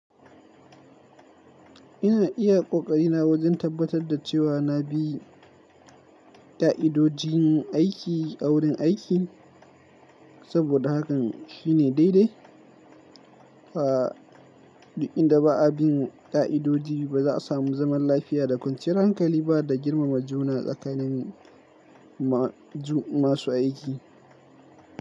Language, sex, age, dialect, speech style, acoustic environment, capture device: Hausa, male, 18-24, Nigeria - Kano, focused, silence; nature, mobile / Linux / Chrome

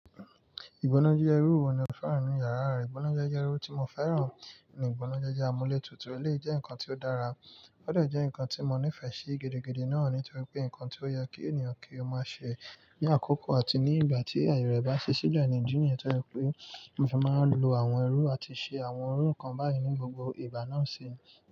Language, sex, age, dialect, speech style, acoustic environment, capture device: Yoruba, male, 25-34, Nigeria - Nigerian Standard, relaxed, nature, mobile / Linux / Chrome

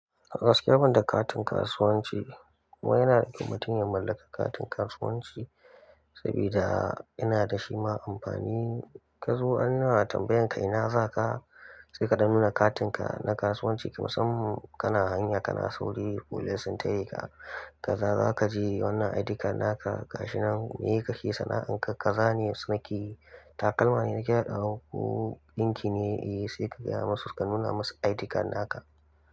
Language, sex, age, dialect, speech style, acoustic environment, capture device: Hausa, male, 18-24, Nigeria - Kano, happy, nature, mobile / Linux / Chrome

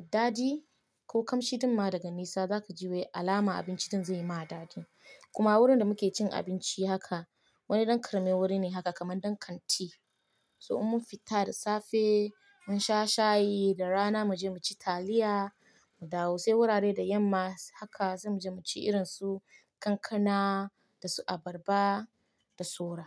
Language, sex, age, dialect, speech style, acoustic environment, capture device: Hausa, female, 25-34, Nigeria - Nigerian Standard, happy; excited; focused, nature; silence, mobile / Linux / Chrome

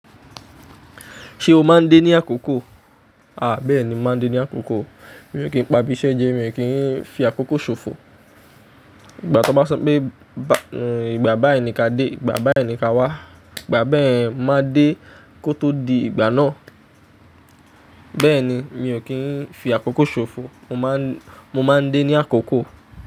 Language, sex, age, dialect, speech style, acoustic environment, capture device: Yoruba, male, 25-34, Nigeria - Ijebu, happy; excited, nature; appliances, mobile / Linux / Chrome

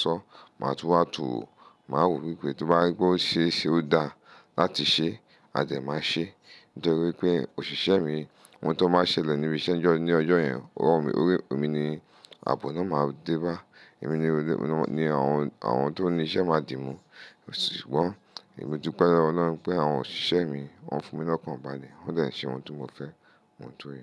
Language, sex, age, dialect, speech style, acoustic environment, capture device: Yoruba, male, 35-44, Nigeria - Lagos Yoruba, focused, silence, mobile / Linux / Chrome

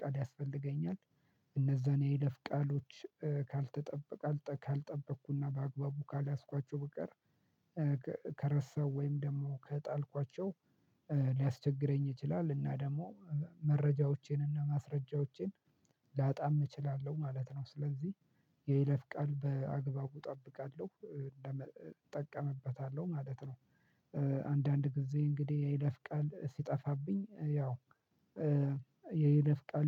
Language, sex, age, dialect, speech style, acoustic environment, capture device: Amharic, male, 25-34, Ethiopia - Addis Ababa, happy; relaxed; excited; focused, silence, mobile / Linux / Chrome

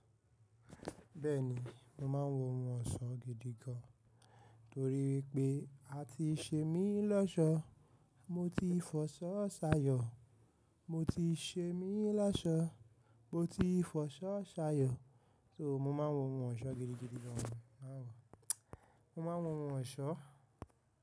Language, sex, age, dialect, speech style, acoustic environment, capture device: Yoruba, male, 18-24, Nigeria - Nigerian Standard, tired, nature, mobile / macOS / Safari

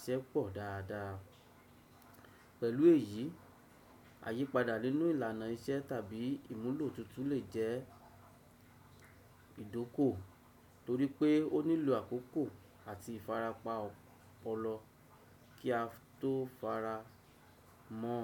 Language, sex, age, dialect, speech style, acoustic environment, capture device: Yoruba, male, 35-44, Nigeria - Lagos Yoruba, happy, silence, desktop / Windows / Chrome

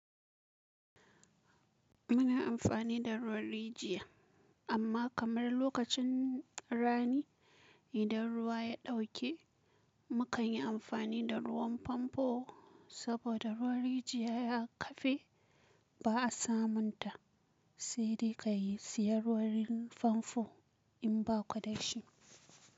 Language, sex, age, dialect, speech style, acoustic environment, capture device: Hausa, female, 25-34, Nigeria - Kano, tired, silence, mobile / Linux / Chrome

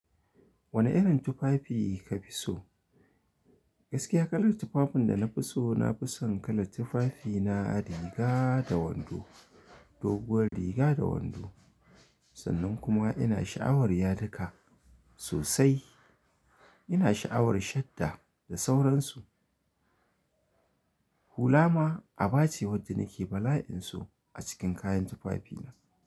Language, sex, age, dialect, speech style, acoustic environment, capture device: Hausa, male, 25-34, Nigeria - Kano, happy, silence, mobile / Linux / Chrome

